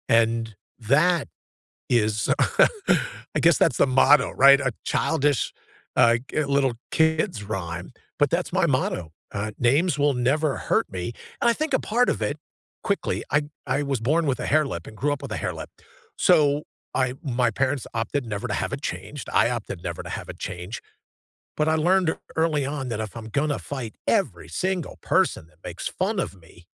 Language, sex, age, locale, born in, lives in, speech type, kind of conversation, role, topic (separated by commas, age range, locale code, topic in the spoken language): English, male, 60-64, en-US, United States, United States, conversation, unstructured, none, What scares you most about losing your freedom to choose?